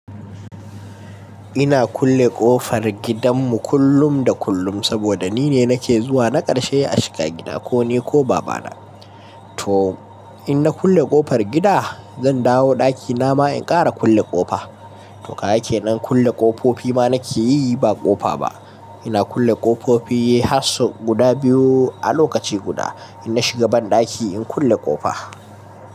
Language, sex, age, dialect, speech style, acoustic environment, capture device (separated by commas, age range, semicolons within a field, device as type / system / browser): Hausa, male, 18-24, Nigeria - Kano, nervous; excited; focused; stressed; relaxed; anxious; happy; tired, people talking; music; nature; silence; traffic; construction; appliances, mobile / Linux / Chrome